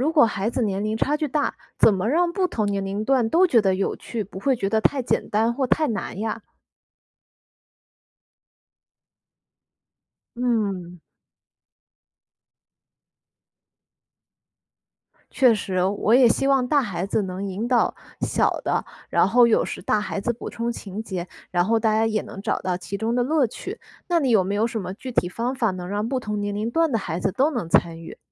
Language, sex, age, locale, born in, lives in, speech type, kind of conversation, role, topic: Chinese, female, 25-29, zh-CN, China, United States, read, expert-assistant, asker, 家里时间总是不够，怎样安排亲子活动才能帮助孩子成长？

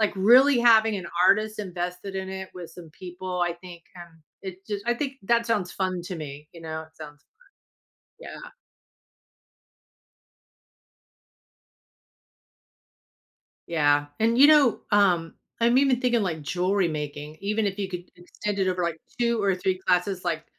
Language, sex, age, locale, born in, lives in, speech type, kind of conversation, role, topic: English, female, 55-59, en-US, United States, United States, conversation, advice, user, How can I find joy in a new hobby?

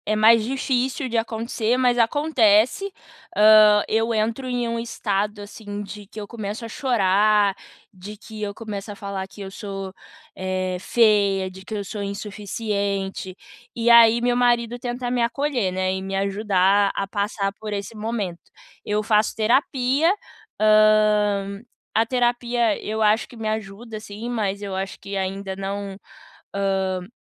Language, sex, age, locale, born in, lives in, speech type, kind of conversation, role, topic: Portuguese, female, 25-29, pt-BR, Brazil, United States, conversation, advice, user, Como posso reconstruir minha autoestima e minha confiança depois de um término?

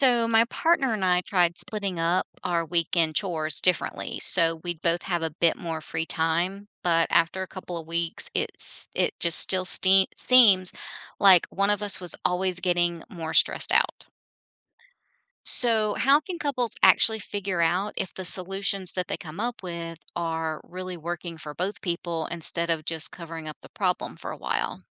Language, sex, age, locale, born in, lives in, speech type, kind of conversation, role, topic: English, female, 50-54, en-US, United States, United States, read, expert-assistant, asker, How can couples ensure solutions meet both partners' needs?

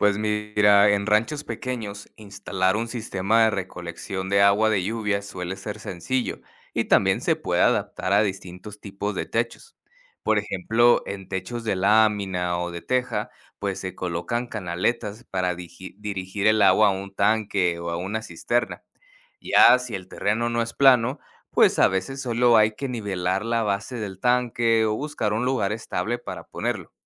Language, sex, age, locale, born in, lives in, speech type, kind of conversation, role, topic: Spanish, male, 30-34, es-MX, United States, Mexico, read, expert-assistant, expert, ¿Qué tecnologías sostenibles pueden ayudar a ranchos pequeños a mejorar la producción y ahorrar agua?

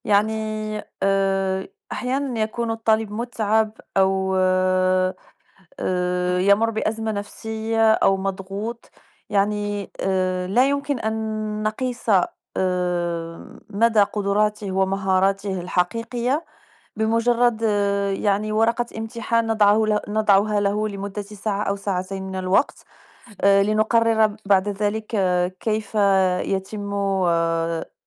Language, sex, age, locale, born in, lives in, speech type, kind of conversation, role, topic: Arabic, female, 35-39, ar-MA, Morocco, France, conversation, unstructured, none, هل إنت شايف إن الامتحانات بتعكس مهارات الطالب الحقيقية؟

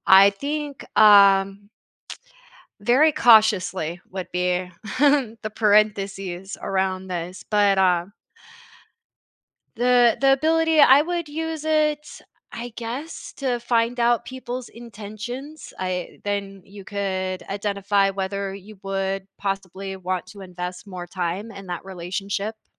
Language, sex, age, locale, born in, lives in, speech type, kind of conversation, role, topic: English, female, 40-44, en-US, United States, United States, conversation, unstructured, none, How might having the ability to read minds change the way we interact with others?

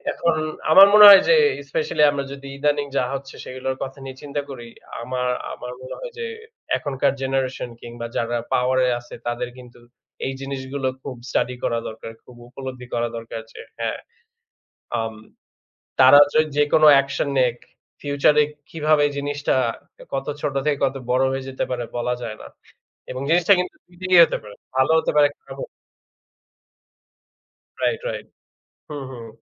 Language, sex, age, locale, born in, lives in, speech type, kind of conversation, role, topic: Bengali, male, 25-29, bn-BD, Bangladesh, Bangladesh, conversation, unstructured, none, ইতিহাসের কোন চরিত্রটি আপনার সবচেয়ে প্রিয়, এবং কেন?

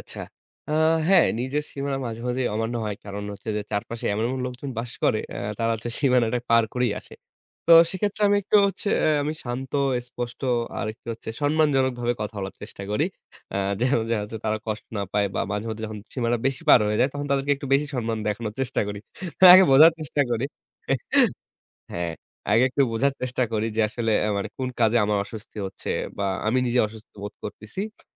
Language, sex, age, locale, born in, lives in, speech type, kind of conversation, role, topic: Bengali, male, 25-29, bn-BD, Bangladesh, Bangladesh, conversation, podcast, guest, কেউ আপনার ব্যক্তিগত সীমা অমান্য করলে আপনি কীভাবে কথা বলবেন?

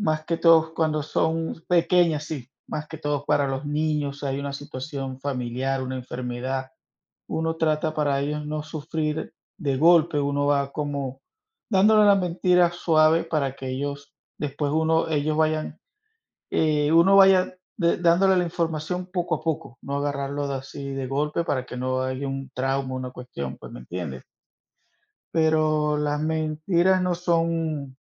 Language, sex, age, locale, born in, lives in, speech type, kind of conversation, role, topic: Spanish, male, 45-49, es-VE, Venezuela, United States, conversation, unstructured, none, ¿Crees que a veces está bien mentir?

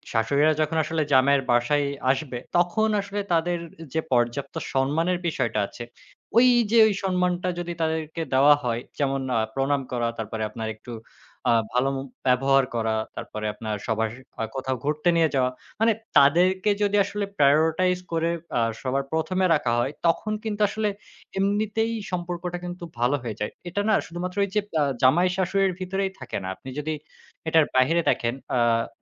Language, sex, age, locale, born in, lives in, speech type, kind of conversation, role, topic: Bengali, male, 25-29, bn-BD, Bangladesh, Bangladesh, conversation, podcast, guest, শাশুড়ি বা জামাইয়ের সঙ্গে সম্পর্ক ভালো রাখার উপায় কী?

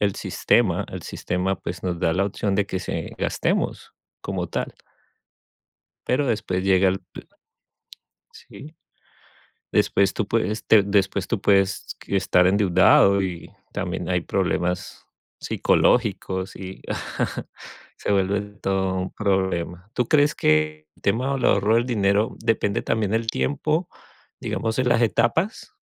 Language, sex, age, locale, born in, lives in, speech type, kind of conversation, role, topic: Spanish, male, 40-44, es-CO, Colombia, United States, conversation, unstructured, none, ¿Cuál es tu forma favorita de ahorrar dinero?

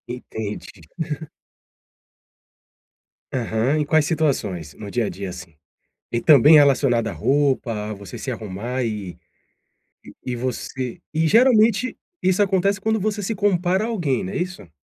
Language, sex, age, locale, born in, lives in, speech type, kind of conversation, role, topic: Portuguese, male, 40-44, pt-BR, Brazil, Portugal, conversation, advice, advisor, Como posso aceitar minhas esquisitices e confiar mais em mim?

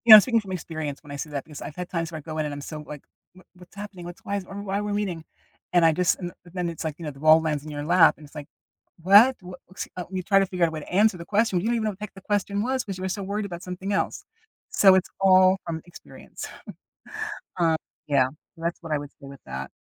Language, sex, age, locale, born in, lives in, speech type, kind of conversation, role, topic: English, female, 60-64, en-US, United States, United States, conversation, podcast, guest, What makes someone a good listener?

